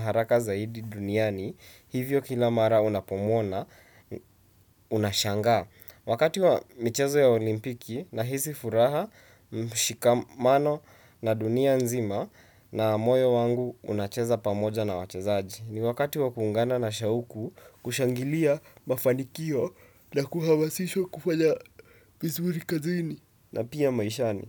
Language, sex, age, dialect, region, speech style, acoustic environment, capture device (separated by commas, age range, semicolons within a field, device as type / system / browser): Swahili, male, 18-24, Kenya - Nairobi Sheng-influenced, Kenya, anxious; tired, silence, desktop / Windows / Chrome